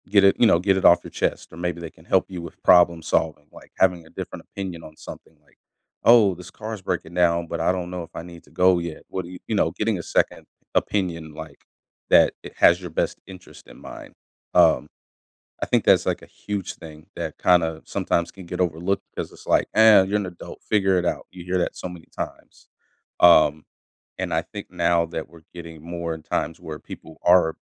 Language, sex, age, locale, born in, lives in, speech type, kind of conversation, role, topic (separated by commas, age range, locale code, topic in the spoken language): English, male, 30-34, en-US, United States, United States, conversation, podcast, guest, How does stress shape the way we think, feel, and act each day?